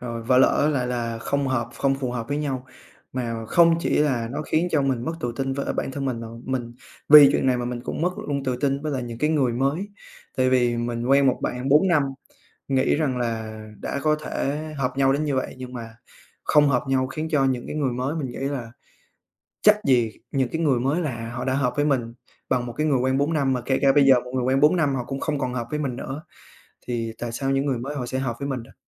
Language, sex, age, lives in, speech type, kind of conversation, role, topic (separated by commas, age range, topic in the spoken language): Vietnamese, male, 20-24, Vietnam, conversation, advice, user, Sau khi chia tay, vì sao tôi sợ hẹn hò trở lại và cảm thấy mất tự tin khi gặp người mới?